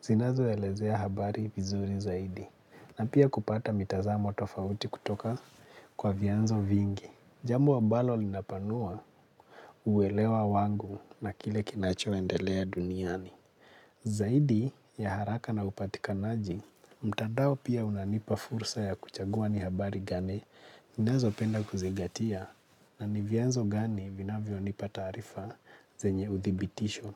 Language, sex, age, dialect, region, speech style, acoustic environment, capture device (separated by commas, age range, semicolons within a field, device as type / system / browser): Swahili, male, 25-34, Kenya - Nairobi Sheng-influenced, Kenya, relaxed, silence; nature; appliances, mobile / Linux / Chrome